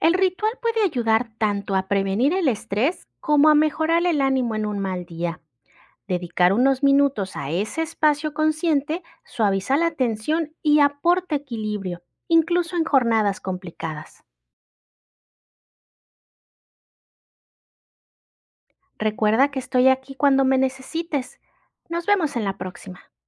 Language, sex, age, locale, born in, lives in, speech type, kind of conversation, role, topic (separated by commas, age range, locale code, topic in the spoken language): Spanish, female, 35-39, es-MX, Mexico, Mexico, read, expert-assistant, expert, ¿Cómo puedo convertir el momento de tomar café en un ritual más consciente y especial?